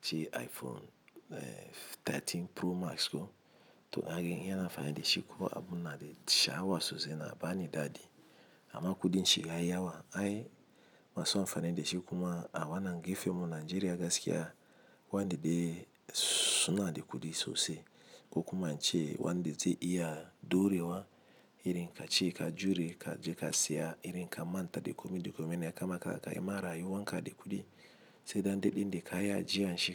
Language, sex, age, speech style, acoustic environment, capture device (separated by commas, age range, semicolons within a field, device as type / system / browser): Hausa, male, 35-44, happy, appliances, mobile / Linux / Chrome